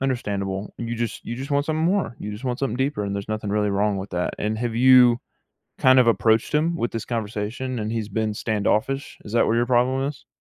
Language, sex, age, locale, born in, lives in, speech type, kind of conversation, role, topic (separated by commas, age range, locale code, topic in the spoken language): English, male, 18-19, en-US, United States, United States, conversation, advice, advisor, How can I build a deeper emotional connection with my partner?